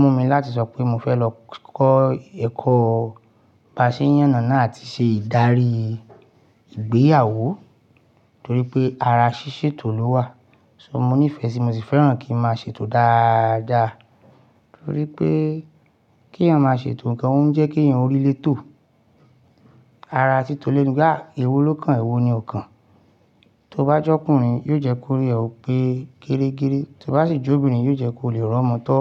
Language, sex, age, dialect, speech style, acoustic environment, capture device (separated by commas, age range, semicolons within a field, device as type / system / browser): Yoruba, male, 35-44, Nigeria - Ibadan, happy, silence, mobile / Linux / Chrome